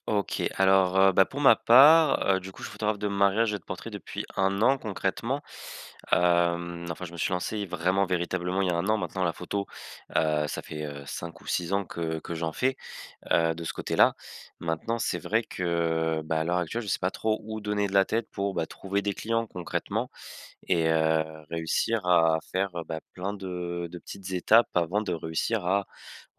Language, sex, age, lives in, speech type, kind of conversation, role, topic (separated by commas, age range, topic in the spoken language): French, male, 20-24, France, conversation, advice, user, Comment puis-je découper un grand objectif en petites étapes ?